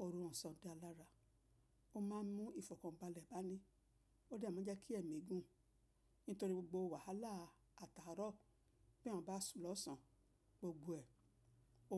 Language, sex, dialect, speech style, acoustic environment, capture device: Yoruba, female, Nigeria - Lagos Yoruba, relaxed; focused, nature, mobile / macOS / Safari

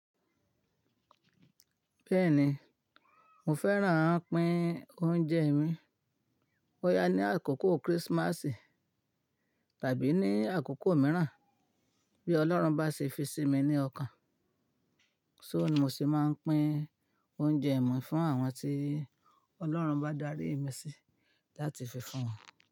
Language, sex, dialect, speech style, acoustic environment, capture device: Yoruba, female, Nigeria - Ibadan, happy, silence, mobile / Linux / Chrome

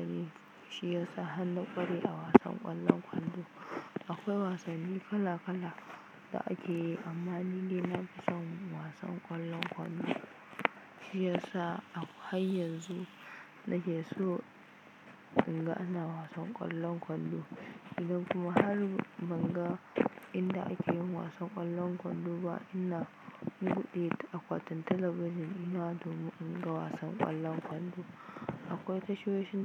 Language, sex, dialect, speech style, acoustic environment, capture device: Hausa, female, Nigeria - Kano, focused, silence, mobile / Linux / Chrome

